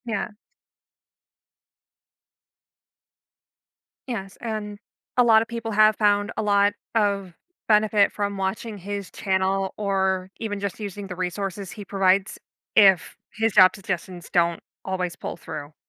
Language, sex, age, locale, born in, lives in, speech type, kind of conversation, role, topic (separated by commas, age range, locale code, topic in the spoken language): English, female, 30-34, en-US, United States, United States, conversation, advice, advisor, How can I move forward after job loss?